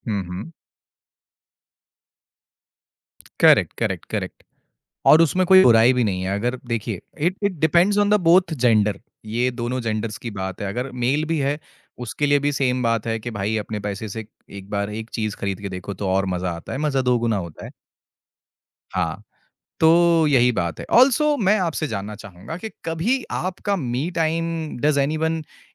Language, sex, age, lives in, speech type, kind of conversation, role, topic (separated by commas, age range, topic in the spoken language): Hindi, male, 25-29, India, conversation, podcast, host, Creative kaam ke liye tum akele rehne ka time kaise schedule karte ho?